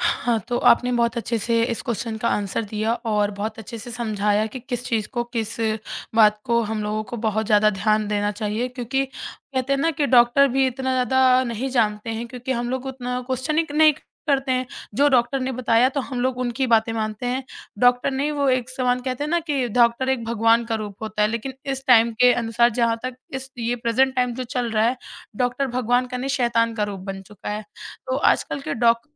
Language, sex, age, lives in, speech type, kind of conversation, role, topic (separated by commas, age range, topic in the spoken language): Hindi, male, 30-34, India, conversation, podcast, host, Travel ke dauran apni maansik sehat ka khayal kaise rakhte ho?